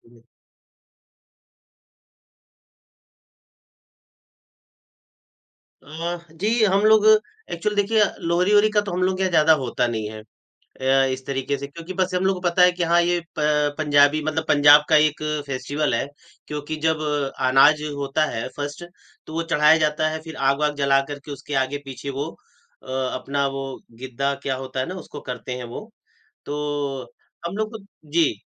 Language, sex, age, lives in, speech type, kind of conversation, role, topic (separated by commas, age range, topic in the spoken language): Hindi, male, 45-49, India, conversation, podcast, guest, Tumhare ghar mein kaun-kaun si paramparayein milkar kaise nibhayi jaati hain?